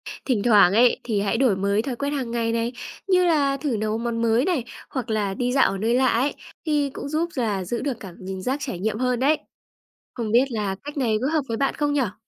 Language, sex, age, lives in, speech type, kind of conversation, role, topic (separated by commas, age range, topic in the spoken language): Vietnamese, female, 20-24, Vietnam, read, expert-assistant, expert, Làm thế nào để lên kế hoạch du lịch giúp phát triển bản thân và mở rộng thế giới quan?